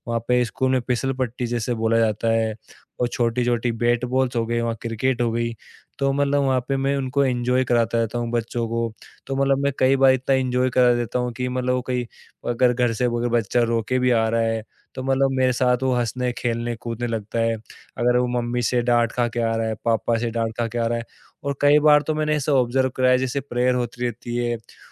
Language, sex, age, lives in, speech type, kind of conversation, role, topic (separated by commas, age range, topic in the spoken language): Hindi, male, 25-29, India, conversation, podcast, guest, Bachon se dil ki baat kaise nikalwaate ho?